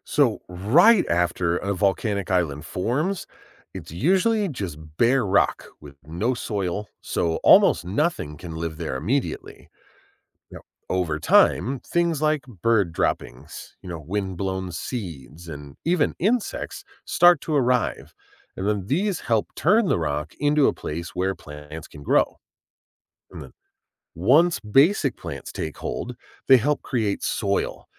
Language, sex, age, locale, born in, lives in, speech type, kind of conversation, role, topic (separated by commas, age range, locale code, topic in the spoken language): English, male, 40-44, en-US, United States, United States, read, expert-assistant, expert, How do volcanoes form islands in the ocean?